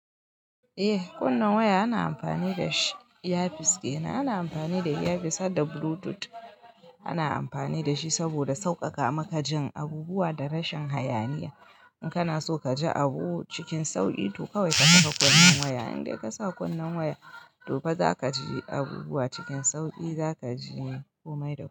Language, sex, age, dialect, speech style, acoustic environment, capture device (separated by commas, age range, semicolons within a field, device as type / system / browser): Hausa, female, 25-34, Nigeria - Kano, happy, silence; nature, mobile / Linux / Chrome